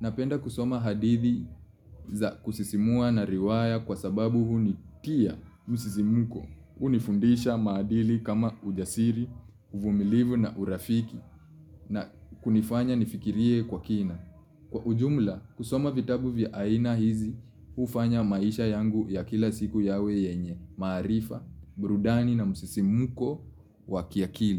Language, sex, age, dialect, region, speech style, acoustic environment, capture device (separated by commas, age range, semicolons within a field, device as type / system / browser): Swahili, male, 25-34, Kenya - Nairobi Sheng-influenced, Kenya, relaxed, silence, mobile / Linux / Chrome